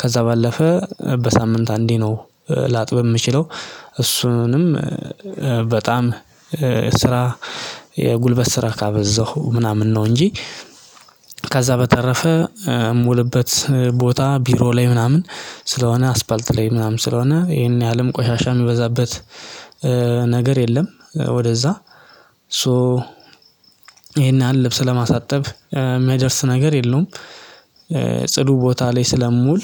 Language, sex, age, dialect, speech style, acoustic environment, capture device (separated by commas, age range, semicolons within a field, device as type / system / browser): Amharic, male, 25-34, Ethiopia - Addis Ababa, excited; happy, silence; nature, mobile / Linux / Chrome